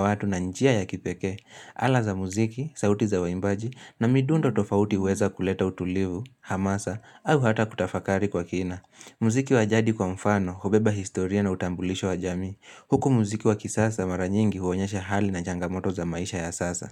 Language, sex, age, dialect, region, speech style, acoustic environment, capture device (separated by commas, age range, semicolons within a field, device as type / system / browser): Swahili, male, 18-24, Kenya - Nairobi Sheng-influenced, Kenya, relaxed; focused, silence, mobile / Linux / Chrome